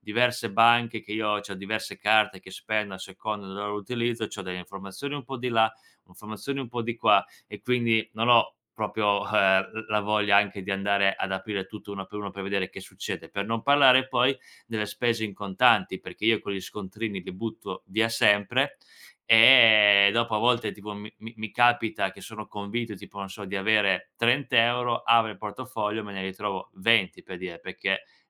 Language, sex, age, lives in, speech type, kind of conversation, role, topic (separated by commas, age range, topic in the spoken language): Italian, male, 25-29, Italy, conversation, advice, user, Come posso sentirmi meno sopraffatto nel tenere traccia di molte piccole spese quotidiane?